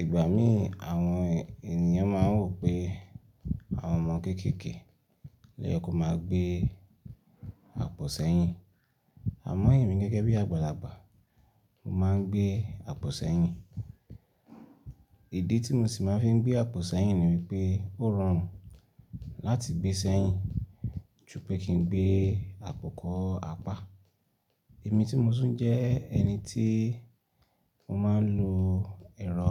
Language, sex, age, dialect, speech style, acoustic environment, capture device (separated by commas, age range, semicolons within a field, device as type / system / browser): Yoruba, male, 25-34, Nigeria - Ibadan, excited; focused; relaxed; happy, nature; silence, desktop / Linux / Chrome